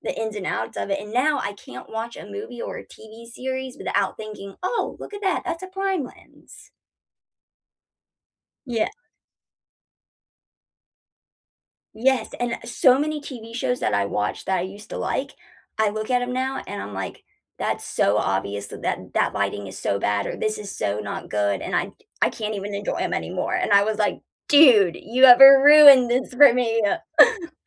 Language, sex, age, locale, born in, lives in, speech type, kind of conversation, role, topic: English, female, 25-29, en-US, United States, United States, conversation, unstructured, none, What kind of movies do you usually enjoy watching?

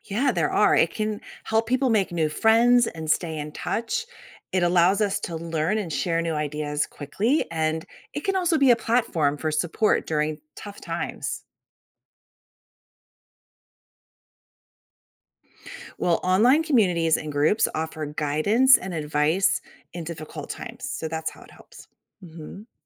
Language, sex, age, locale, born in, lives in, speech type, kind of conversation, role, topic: English, female, 45-49, en-US, Germany, United States, read, expert-assistant, expert, What impact does social media have on mental health?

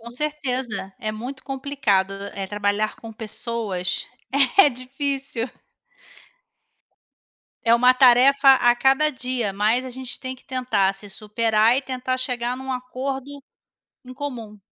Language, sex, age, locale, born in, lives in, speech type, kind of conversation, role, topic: Portuguese, female, 40-44, pt-BR, Brazil, Spain, conversation, unstructured, none, Você já conseguiu transformar uma briga em algo positivo? Como?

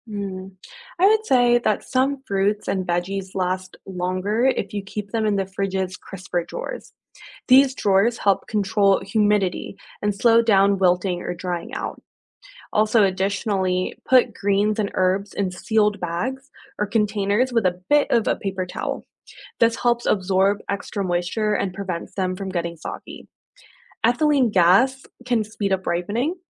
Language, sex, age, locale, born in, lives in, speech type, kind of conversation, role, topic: English, female, 25-29, en-US, United States, United States, read, expert-assistant, expert, How does a refrigerator keep food cold and preserve food safely?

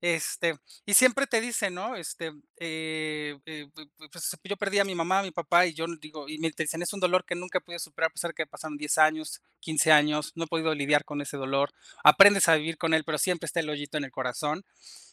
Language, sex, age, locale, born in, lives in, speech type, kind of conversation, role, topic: Spanish, male, 35-39, es-MX, Mexico, Mexico, conversation, unstructured, none, ¿Qué crees que ayuda a sobrellevar el dolor después de una muerte?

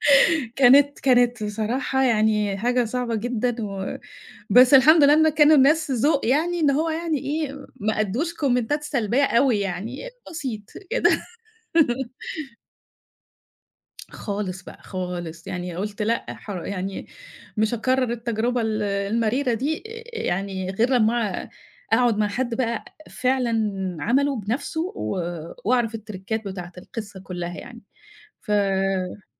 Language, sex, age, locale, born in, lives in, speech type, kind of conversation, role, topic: Arabic, female, 50-54, ar-EG, Egypt, Egypt, conversation, podcast, guest, احكي لنا عن طبق أكلته وبيفكّرك دايمًا بالبيت؟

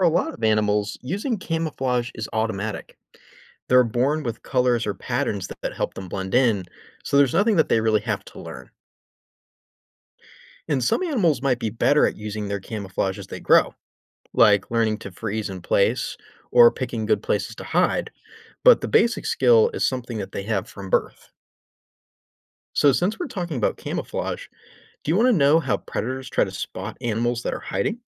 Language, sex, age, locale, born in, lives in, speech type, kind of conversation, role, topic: English, male, 25-29, en-US, United States, United States, read, expert-assistant, expert, Why do some animals use camouflage to hide from predators?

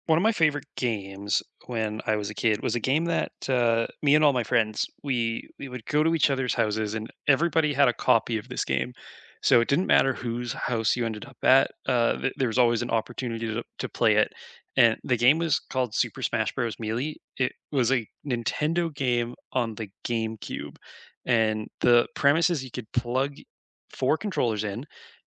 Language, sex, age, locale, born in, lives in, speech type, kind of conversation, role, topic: English, male, 25-29, en-CA, Canada, Canada, conversation, unstructured, none, Which childhood cartoon or game still makes you smile?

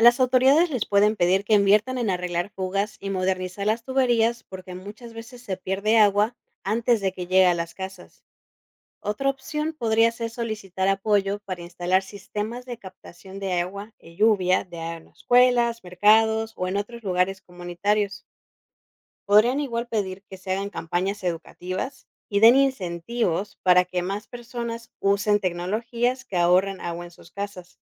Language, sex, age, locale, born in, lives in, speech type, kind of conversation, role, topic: Spanish, female, 30-34, es-MX, Mexico, Mexico, read, expert-assistant, expert, ¿Por qué es fundamental reducir el consumo de agua?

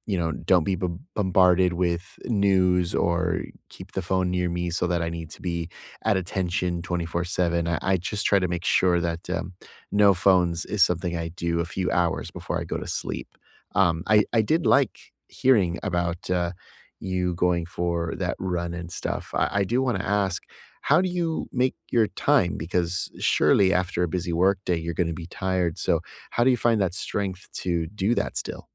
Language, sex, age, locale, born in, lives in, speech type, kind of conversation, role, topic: English, male, 30-34, en-US, United States, United States, conversation, unstructured, none, How do you unwind and recharge after a busy workday?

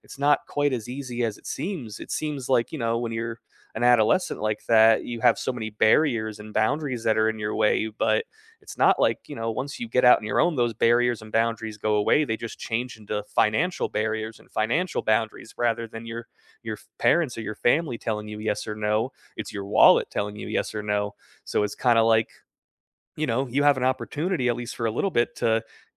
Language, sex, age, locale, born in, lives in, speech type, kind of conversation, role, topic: English, male, 30-34, en-US, United States, United States, conversation, unstructured, none, What are you working toward this year, and how can others support you along the way?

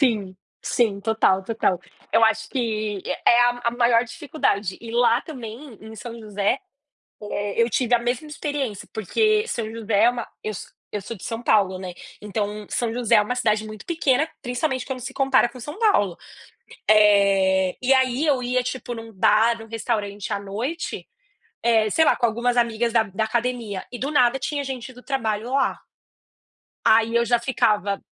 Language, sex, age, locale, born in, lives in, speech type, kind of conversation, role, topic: Portuguese, female, 30-34, pt-BR, Brazil, United States, conversation, unstructured, none, Você já precisou esconder uma parte de você para se encaixar?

